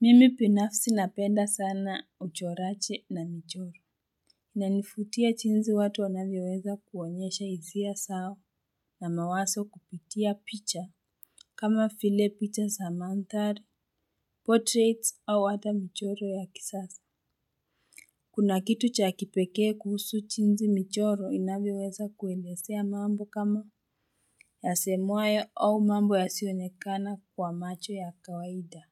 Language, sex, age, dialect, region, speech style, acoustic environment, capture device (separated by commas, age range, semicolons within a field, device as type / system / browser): Swahili, female, 18-24, Kenya - Nairobi Sheng-influenced, Kenya, stressed, people talking, mobile / Linux / Chrome